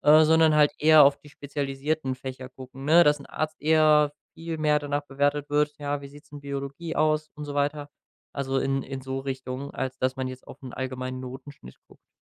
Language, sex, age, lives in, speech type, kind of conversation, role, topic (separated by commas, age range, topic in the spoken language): German, male, 25-29, Germany, conversation, podcast, guest, Wie wichtig sind Noten für dich?